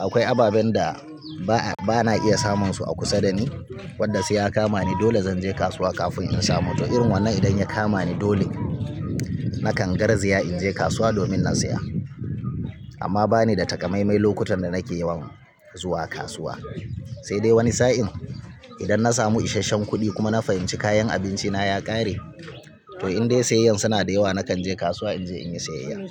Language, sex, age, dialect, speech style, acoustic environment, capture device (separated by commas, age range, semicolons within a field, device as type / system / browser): Hausa, male, 35-44, Nigeria - Kano, relaxed; focused, people talking, mobile / Linux / Chrome